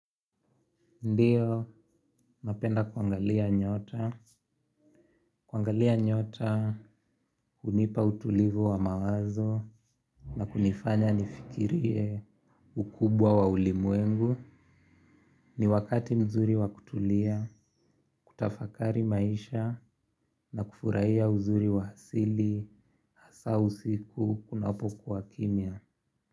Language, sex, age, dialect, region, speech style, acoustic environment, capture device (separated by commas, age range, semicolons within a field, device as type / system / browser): Swahili, male, 18-24, Kenya - Nairobi Sheng-influenced, Kenya, happy; relaxed; focused, nature; silence; traffic; appliances, mobile / Linux / Chrome